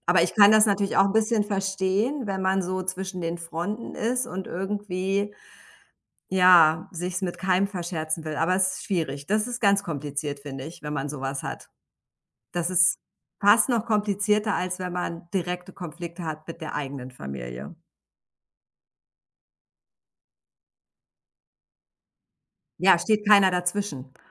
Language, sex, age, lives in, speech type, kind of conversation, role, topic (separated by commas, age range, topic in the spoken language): German, female, 45-49, United States, conversation, unstructured, none, Wie gehst du mit Konflikten in der Familie um?